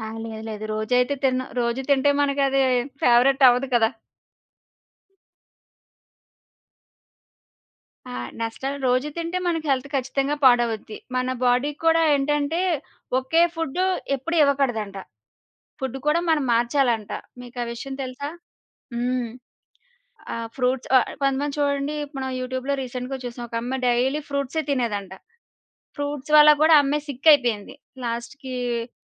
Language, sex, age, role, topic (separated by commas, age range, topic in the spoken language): Telugu, female, 30-34, guest, మీకు ఇష్టమైన వీధి ఆహారం ఏది, ఎందుకు?